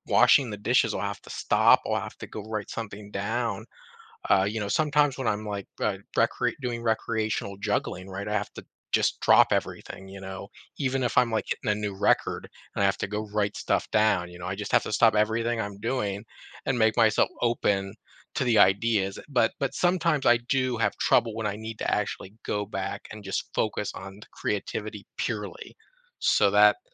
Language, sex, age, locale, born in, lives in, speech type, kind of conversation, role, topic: English, male, 35-39, en-US, United States, United States, conversation, podcast, guest, In what ways does expressing yourself shape who you are and how you connect with others?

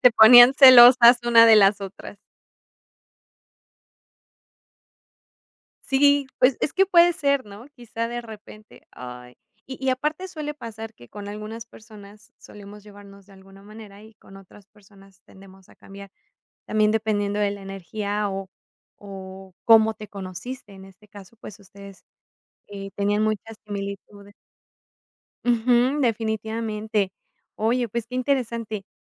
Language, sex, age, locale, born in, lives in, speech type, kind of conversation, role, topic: Spanish, female, 35-39, es-MX, Mexico, Mexico, conversation, podcast, host, ¿Puedes contarme sobre una amistad que marcó tu vida?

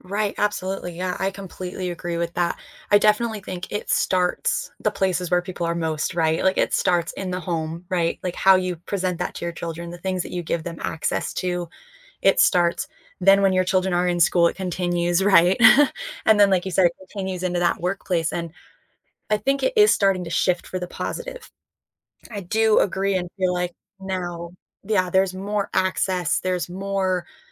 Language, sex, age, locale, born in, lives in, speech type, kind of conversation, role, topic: English, female, 25-29, en-US, United States, United States, conversation, unstructured, none, How can we create a more open and supportive conversation about mental health?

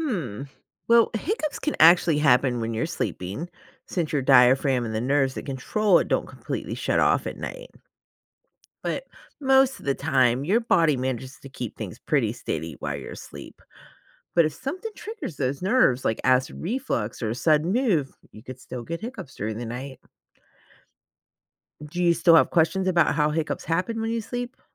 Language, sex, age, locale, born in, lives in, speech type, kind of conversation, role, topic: English, female, 40-44, en-US, United States, United States, read, expert-assistant, expert, What causes hiccups in humans?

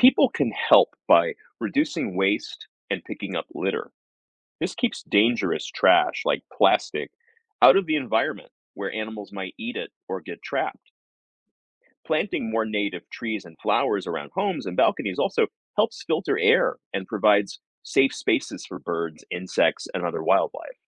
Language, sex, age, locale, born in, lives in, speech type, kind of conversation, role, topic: English, male, 40-44, en-US, United States, United States, read, expert-assistant, expert, How does urbanization change the natural landscape?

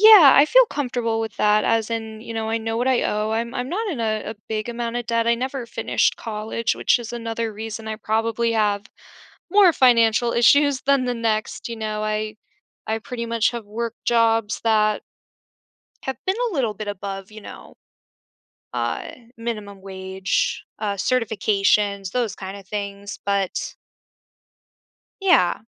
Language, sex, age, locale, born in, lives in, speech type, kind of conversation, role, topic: English, female, 25-29, en-US, United States, United States, conversation, advice, user, How can I reduce anxiety and feel more secure when my finances are uncertain?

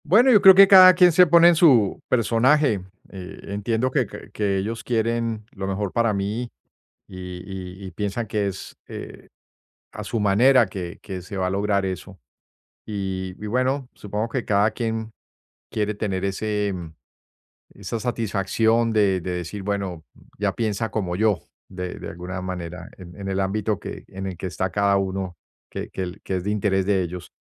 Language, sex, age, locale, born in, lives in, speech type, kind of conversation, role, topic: Spanish, male, 55-59, es-US, Colombia, United States, conversation, advice, user, ¿Cómo puedo manejar las críticas y los desacuerdos en reuniones familiares?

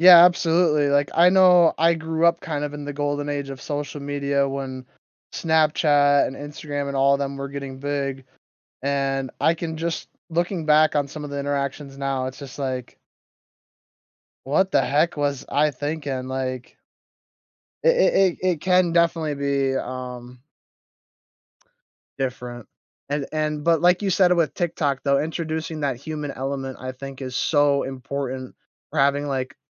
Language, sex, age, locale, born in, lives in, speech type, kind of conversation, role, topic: English, male, 20-24, en-US, United States, United States, conversation, podcast, host, How is social media changing the way we build and maintain community relationships?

